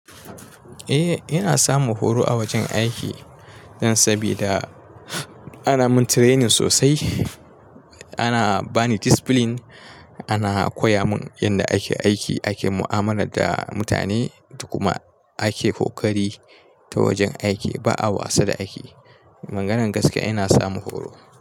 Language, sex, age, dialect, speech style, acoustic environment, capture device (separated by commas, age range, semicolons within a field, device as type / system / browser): Hausa, male, 25-34, Nigeria - Kano, relaxed, nature, mobile / Linux / Chrome